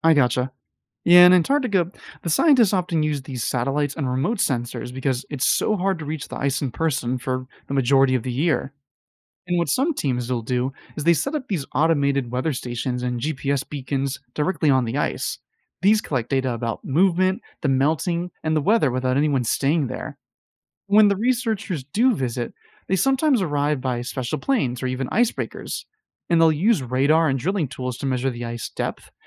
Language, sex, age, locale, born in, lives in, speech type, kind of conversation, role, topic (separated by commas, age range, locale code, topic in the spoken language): English, male, 25-29, en-US, United States, United States, read, expert-assistant, expert, How do glaciers form and move?